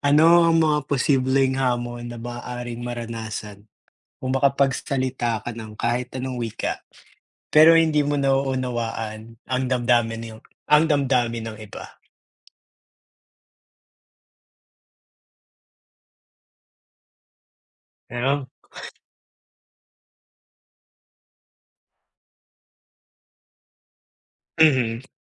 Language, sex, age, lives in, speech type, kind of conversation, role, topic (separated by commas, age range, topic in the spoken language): Filipino, male, 25-29, United States, conversation, unstructured, none, Mas pipiliin mo bang magkaroon ng kapangyarihang magbasa ng isip ngunit hindi makapagsalita, o makapagsalita ng anumang wika ngunit hindi makaintindi ng damdamin ng iba?